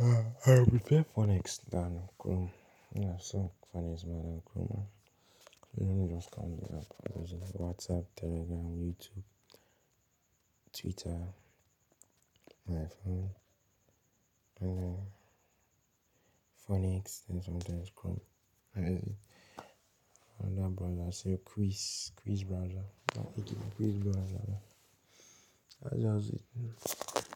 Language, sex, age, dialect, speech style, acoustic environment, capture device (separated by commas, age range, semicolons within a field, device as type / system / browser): Hausa, male, 18-24, Nigeria - Pidgin-influenced, relaxed, silence, mobile / Linux / Chrome